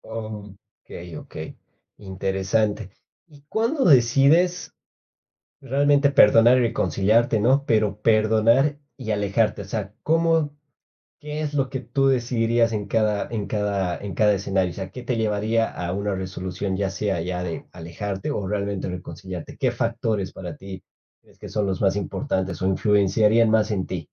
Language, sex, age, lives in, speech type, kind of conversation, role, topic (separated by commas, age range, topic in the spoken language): Spanish, male, 25-29, Spain, conversation, podcast, host, ¿Cómo sabes cuándo es mejor perdonar y cuándo es momento de alejarte?